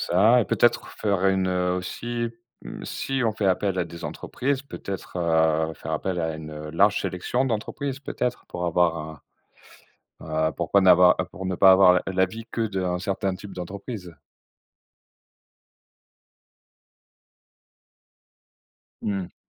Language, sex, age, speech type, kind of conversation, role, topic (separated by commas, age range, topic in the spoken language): French, male, 40-44, conversation, unstructured, none, Faut-il limiter le pouvoir des grandes entreprises en politique ?